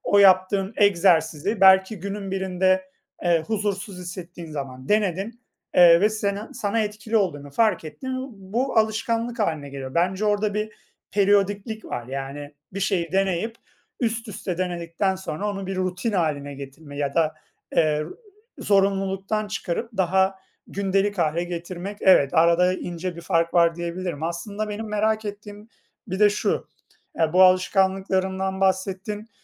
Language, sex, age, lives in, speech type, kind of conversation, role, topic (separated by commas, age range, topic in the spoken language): Turkish, male, 30-34, Poland, conversation, unstructured, none, Her gün mutlaka yaptığınız küçük ama önemli bir alışkanlık nedir?